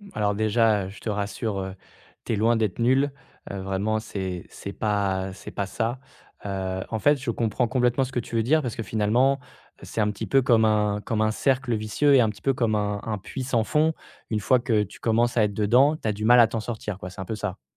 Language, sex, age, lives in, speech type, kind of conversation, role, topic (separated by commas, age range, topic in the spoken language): French, male, 25-29, France, conversation, advice, advisor, Comment surmonter la procrastination récurrente qui m’empêche d’avancer ?